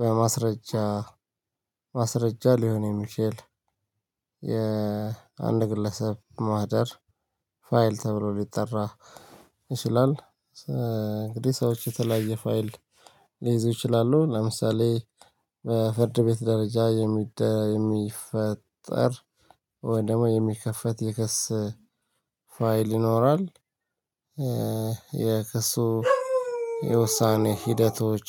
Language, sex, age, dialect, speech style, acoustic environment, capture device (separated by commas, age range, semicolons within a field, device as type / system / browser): Amharic, male, 35-44, Ethiopia - Addis Ababa, relaxed, nature, mobile / Linux / Chrome